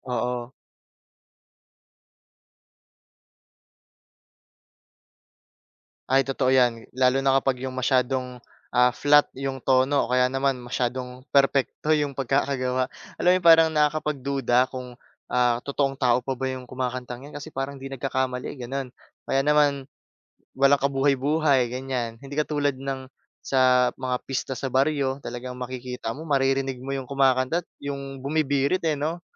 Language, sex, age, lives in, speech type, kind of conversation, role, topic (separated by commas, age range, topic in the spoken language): Filipino, male, 20-24, Philippines, conversation, podcast, host, Paano nagkakaiba ang musika sa probinsya at sa lungsod para sa iyo?